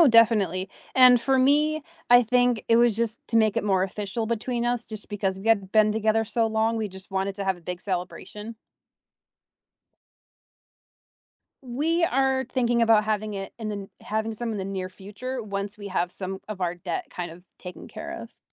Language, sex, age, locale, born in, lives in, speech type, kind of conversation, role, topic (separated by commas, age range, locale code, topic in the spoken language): English, female, 25-29, en-US, United States, United States, conversation, unstructured, none, What scares you about making major changes in your family relationships?